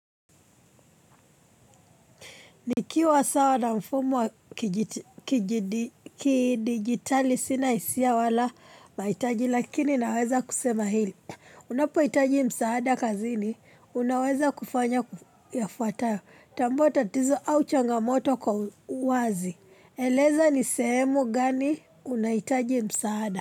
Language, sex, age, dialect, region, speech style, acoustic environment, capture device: Swahili, female, 25-34, Kenya - Nairobi Sheng-influenced, Kenya, happy; excited; focused; relaxed, people talking, mobile / Linux / Chrome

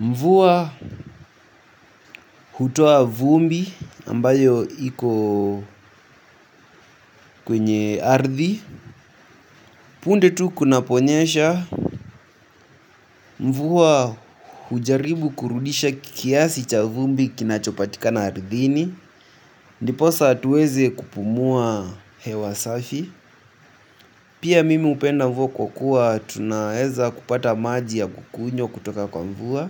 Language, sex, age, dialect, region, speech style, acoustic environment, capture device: Swahili, male, 18-24, Kenya - Nairobi Sheng-influenced, Kenya, anxious, music; nature, mobile / Linux / Chrome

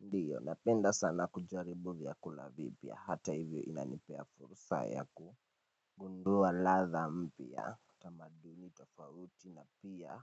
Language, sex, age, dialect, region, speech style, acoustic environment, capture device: Swahili, male, 18-24, Kenya - Nairobi Sheng-influenced, Kenya, happy; nervous; anxious; excited, people talking; appliances; music, mobile / Linux / Chrome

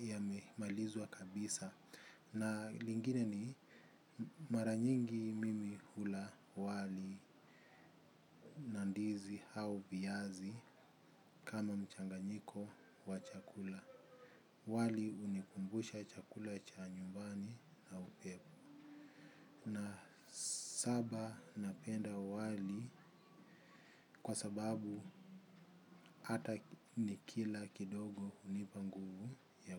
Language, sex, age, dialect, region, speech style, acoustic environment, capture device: Swahili, male, 25-34, Kenya - Mombasa, Kenya, happy; focused, people talking; traffic; music; construction; appliances, mobile / Linux / Chrome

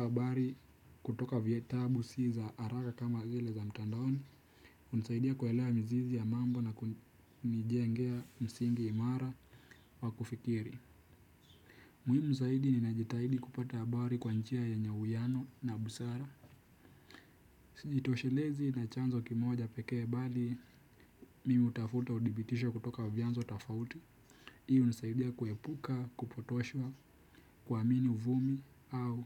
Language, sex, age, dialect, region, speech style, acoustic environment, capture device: Swahili, male, 18-24, Kenya - Nairobi Sheng-influenced, Kenya, relaxed, silence; nature, desktop / Windows / Chrome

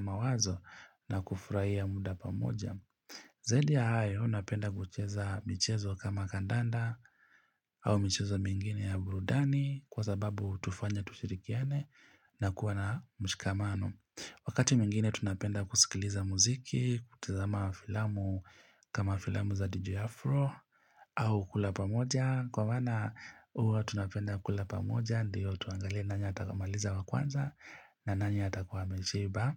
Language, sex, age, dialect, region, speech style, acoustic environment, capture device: Swahili, male, 25-34, Kenya - Mombasa, Kenya, relaxed; focused; happy, nature; silence, mobile / Linux / Chrome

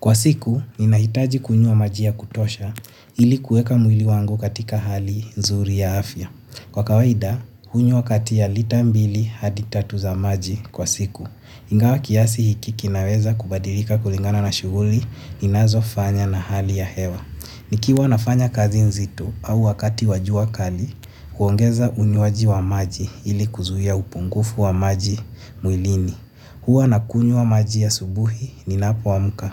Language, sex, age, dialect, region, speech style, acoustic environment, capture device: Swahili, male, 18-24, Kenya - Nairobi Sheng-influenced, Kenya, relaxed, silence, mobile / Linux / Chrome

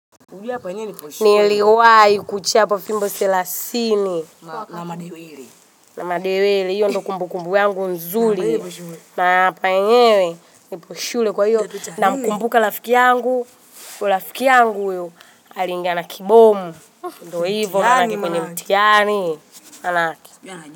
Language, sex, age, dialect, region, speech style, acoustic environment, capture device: Swahili, male, 25-34, Tanzania - Dar es Salaam, Tanzania, happy, people talking, mobile / Linux / Chrome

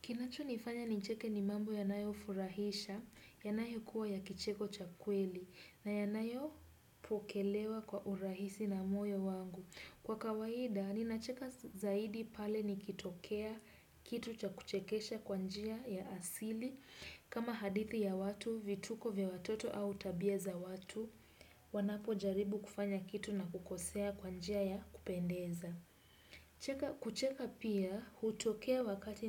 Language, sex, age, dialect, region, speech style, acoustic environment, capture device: Swahili, female, 18-24, Kenya - Nairobi Sheng-influenced, Kenya, relaxed, silence, desktop / Windows / Chrome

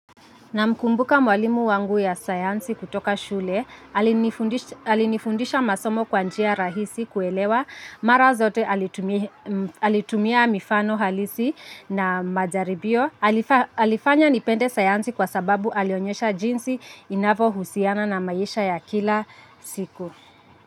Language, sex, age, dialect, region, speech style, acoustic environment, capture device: Swahili, female, 25-34, Kenya - Nairobi Sheng-influenced, Kenya, happy, traffic, mobile / Linux / Chrome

